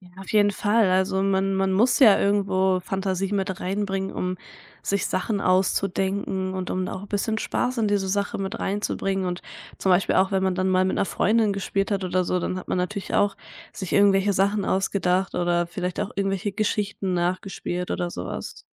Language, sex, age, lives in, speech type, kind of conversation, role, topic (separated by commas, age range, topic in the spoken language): German, female, 20-24, Germany, conversation, podcast, guest, Welche Rolle spielte Fantasie in deinen Kinderspielen?